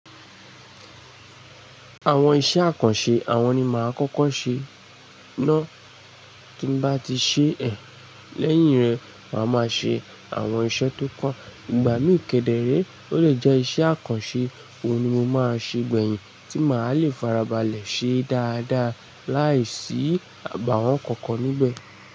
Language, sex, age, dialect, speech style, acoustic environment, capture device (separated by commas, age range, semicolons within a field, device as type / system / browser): Yoruba, male, 25-34, Nigeria - Lagos Yoruba, happy, nature, mobile / Linux / Chrome